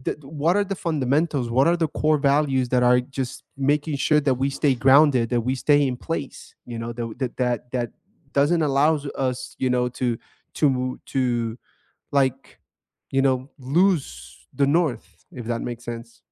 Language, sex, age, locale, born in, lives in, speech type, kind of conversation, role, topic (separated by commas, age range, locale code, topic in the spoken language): English, male, 30-34, en-US, United States, United States, conversation, unstructured, none, Who was the first teacher who truly inspired you, and how did that spark shape you?